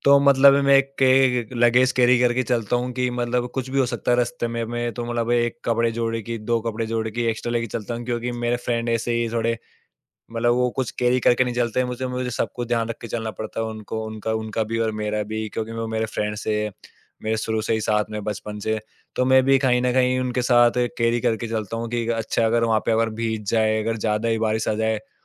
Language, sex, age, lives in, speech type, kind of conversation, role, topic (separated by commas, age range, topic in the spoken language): Hindi, male, 20-24, India, conversation, podcast, guest, Monsoon aane par tumhara roz ka routine kaise badal jaata hai?